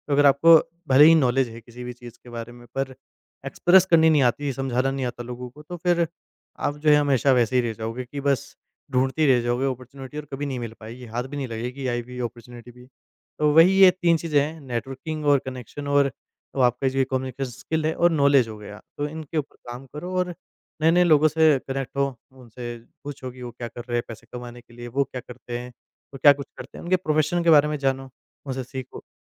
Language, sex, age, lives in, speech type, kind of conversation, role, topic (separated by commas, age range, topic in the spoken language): Hindi, male, 20-24, India, conversation, podcast, guest, Kaunse muft resources ne tumhari sabse zyada madad ki, woh share karoge?